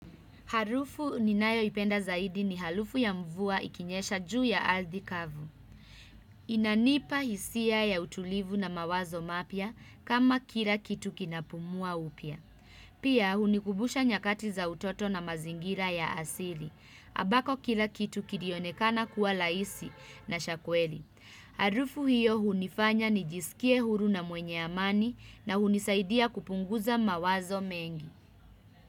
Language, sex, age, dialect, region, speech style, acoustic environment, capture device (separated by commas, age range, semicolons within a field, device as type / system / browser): Swahili, female, 25-34, Kenya - Nairobi Sheng-influenced, Kenya, happy, music, desktop / Windows / Chrome